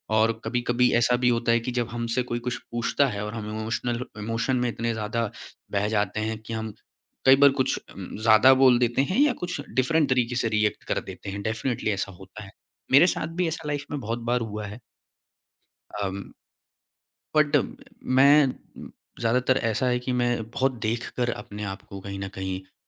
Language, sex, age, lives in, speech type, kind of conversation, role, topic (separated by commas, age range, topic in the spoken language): Hindi, male, 25-29, India, conversation, podcast, guest, Emotional moments share karte waqt tum kitna vulnerable ho jaate ho?